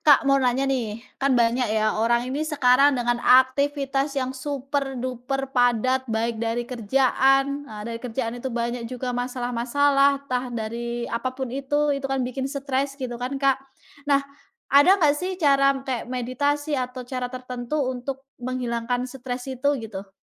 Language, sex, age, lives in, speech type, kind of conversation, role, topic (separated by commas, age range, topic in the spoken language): Indonesian, female, 30-34, Indonesia, conversation, podcast, host, Bagaimana kamu menggunakan teknik pernapasan atau meditasi untuk mengatasi stres?